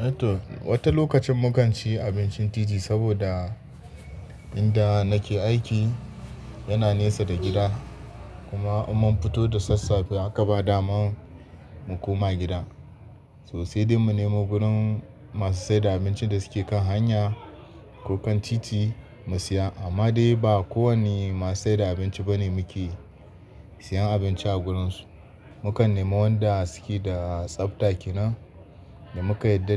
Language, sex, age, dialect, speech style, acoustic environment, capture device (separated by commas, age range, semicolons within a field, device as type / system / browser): Hausa, male, 25-34, Nigeria - Kano, happy; focused; relaxed, people talking; nature, desktop / Linux / Chrome